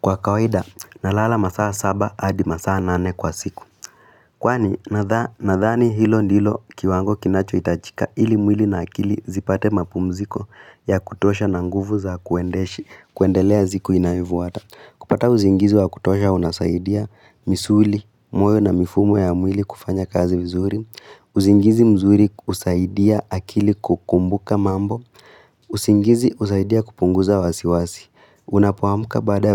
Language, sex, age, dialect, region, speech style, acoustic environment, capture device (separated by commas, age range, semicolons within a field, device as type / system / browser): Swahili, female, 18-24, Kenya - Nairobi Sheng-influenced, Kenya, excited; happy, silence; nature, mobile / Linux / Chrome